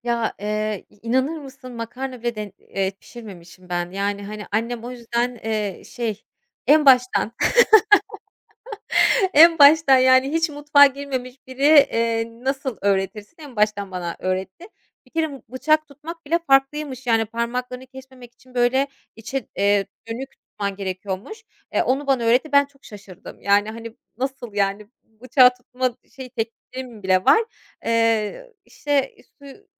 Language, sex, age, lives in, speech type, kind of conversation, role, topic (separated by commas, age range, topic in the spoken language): Turkish, female, 30-34, Germany, conversation, podcast, guest, Yemek yapmayı öğrenmek isteyen birine nereden başlamasını önerirsin?